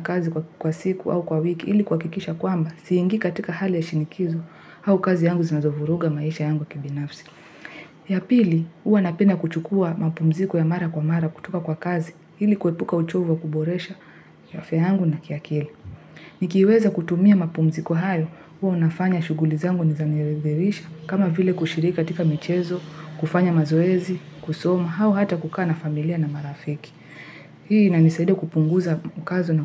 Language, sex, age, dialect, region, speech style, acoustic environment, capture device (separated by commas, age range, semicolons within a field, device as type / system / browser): Swahili, female, 18-24, Kenya - Nairobi Sheng-influenced, Kenya, happy, people talking; music; nature; silence; traffic, mobile / Linux / Chrome